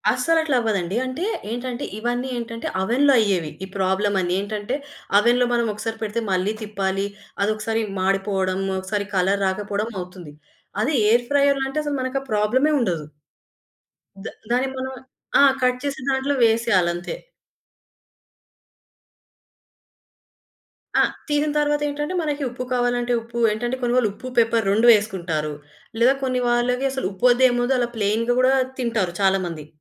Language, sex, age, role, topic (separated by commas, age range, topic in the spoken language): Telugu, female, 25-29, guest, ఒక వంటకం వల్ల మీ కుటుంబ బంధం మరింత బలపడిన సందర్భం ఎప్పుడు జరిగింది?